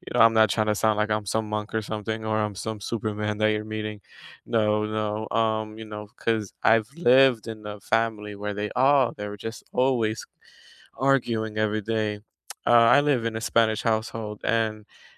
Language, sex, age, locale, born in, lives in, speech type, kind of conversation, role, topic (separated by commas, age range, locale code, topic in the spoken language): English, male, 20-24, en-US, United States, United States, conversation, unstructured, none, How do you keep a conversation respectful when you disagree?